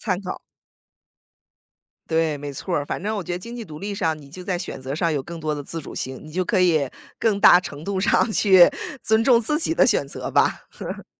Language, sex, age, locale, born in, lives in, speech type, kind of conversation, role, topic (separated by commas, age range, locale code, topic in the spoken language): Chinese, female, 35-39, zh-CN, United States, United States, conversation, podcast, guest, 如果父母不同意你的选择，你会怎么办？